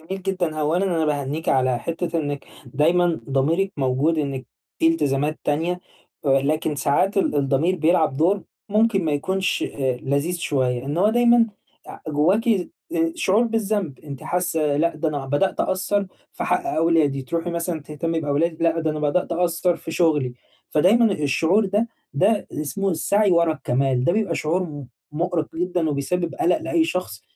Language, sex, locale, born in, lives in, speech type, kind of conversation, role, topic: Arabic, male, ar-EG, Egypt, Egypt, conversation, advice, advisor, إزاي أوازن بين فترات التركيز والراحة من غير ما أحس بإرهاق؟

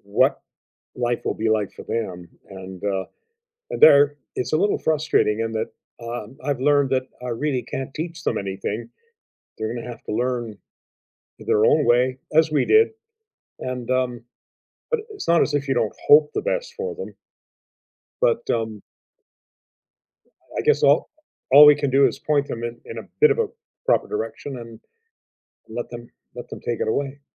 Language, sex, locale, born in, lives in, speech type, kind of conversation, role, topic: English, male, en-CA, Canada, United States, conversation, unstructured, none, What life lesson did you learn the hard way?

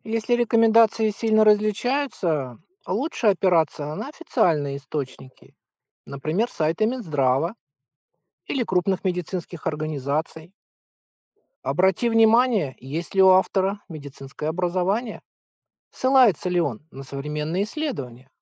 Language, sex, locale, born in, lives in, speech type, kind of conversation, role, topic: Russian, male, ru-RU, Russia, United States, read, expert-assistant, expert, Как родителям отличить надёжные медицинские советы в интернете?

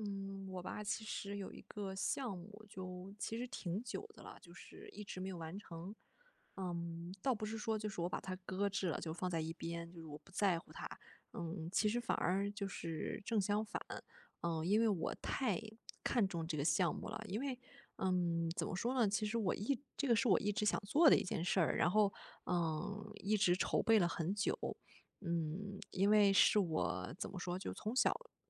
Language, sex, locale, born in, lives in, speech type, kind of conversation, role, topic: Chinese, female, zh-CN, China, Germany, conversation, advice, user, 如何找回动力并完成之前未完成的项目？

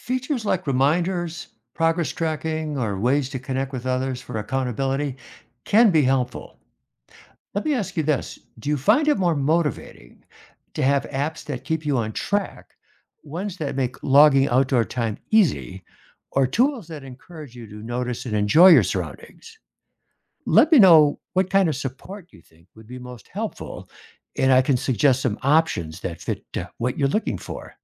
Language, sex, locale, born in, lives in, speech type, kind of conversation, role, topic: English, male, en-US, United States, United States, read, expert-assistant, expert, How can I make outdoor activities a regular part of my week, even with a busy schedule?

